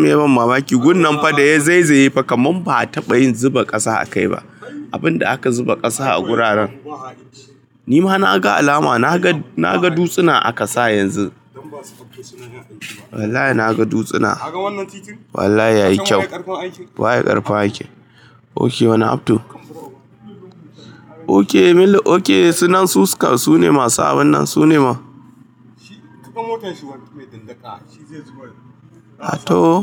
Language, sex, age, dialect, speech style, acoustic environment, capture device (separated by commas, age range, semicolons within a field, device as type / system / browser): Hausa, male, 25-34, Nigeria - Kano, excited; happy, appliances; people talking, mobile / Linux / Chrome